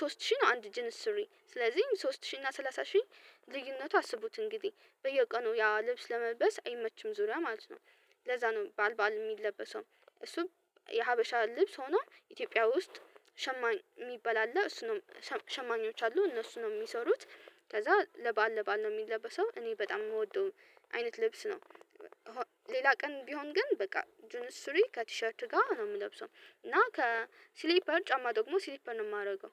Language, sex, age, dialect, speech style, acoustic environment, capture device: Amharic, female, 18-24, Ethiopia - Addis Ababa, relaxed, nature, mobile / Linux / Chrome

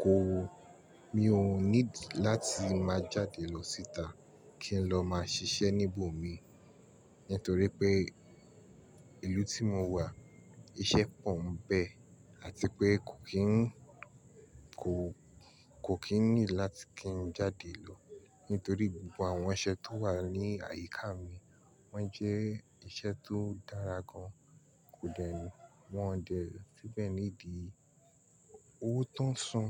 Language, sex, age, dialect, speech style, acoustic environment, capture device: Yoruba, male, 25-34, Nigeria - Nigerian Standard, relaxed, nature, mobile / Linux / Chrome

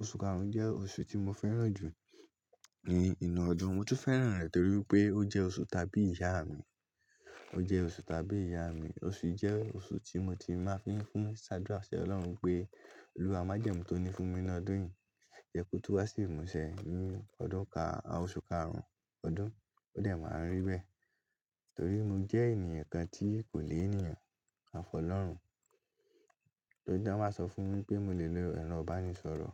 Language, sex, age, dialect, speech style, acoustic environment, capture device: Yoruba, male, 18-24, Nigeria - Lagos Yoruba, anxious; relaxed, nature; silence, mobile / Linux / Chrome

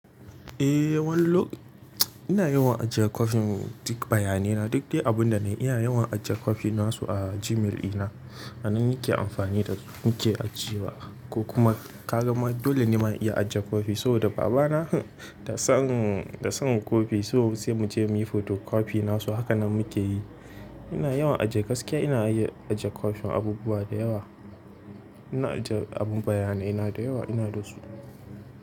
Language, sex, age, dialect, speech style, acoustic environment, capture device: Hausa, male, 18-24, Nigeria - Kano, happy, appliances, mobile / Linux / Chrome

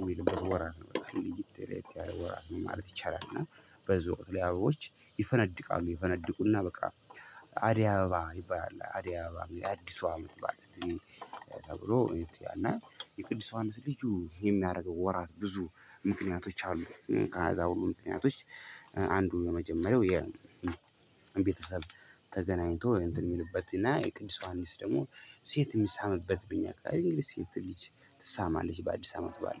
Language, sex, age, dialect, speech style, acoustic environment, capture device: Amharic, female, 25-34, Ethiopia - Addis Ababa, happy, silence, mobile / Linux / Chrome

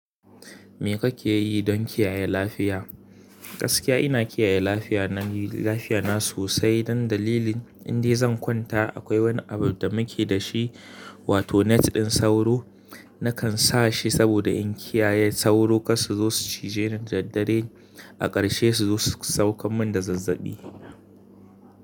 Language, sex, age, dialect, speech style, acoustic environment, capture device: Hausa, male, 25-34, Nigeria - Kano, happy; excited; relaxed, nature, mobile / Linux / Chrome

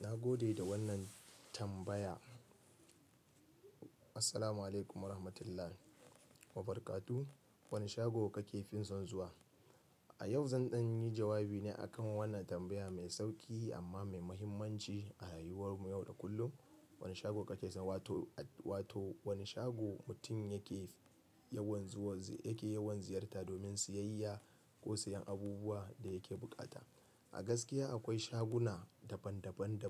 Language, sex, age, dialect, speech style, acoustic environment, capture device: Hausa, male, 25-34, Nigeria - Kano, relaxed, nature, mobile / Linux / Chrome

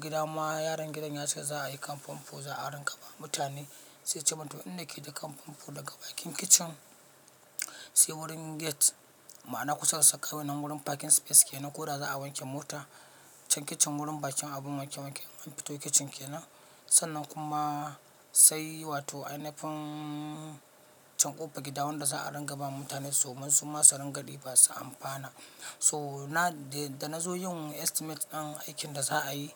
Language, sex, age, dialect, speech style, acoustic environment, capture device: Hausa, male, 25-34, Nigeria - Katsina, focused, silence, mobile / Linux / Chrome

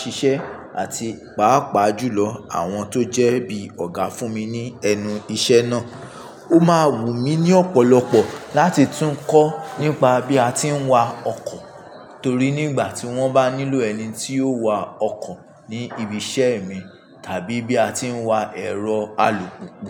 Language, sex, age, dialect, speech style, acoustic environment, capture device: Yoruba, male, 25-34, Nigeria - Ibadan, anxious; happy; focused; relaxed, people talking; construction; appliances; nature; traffic, mobile / Linux / Chrome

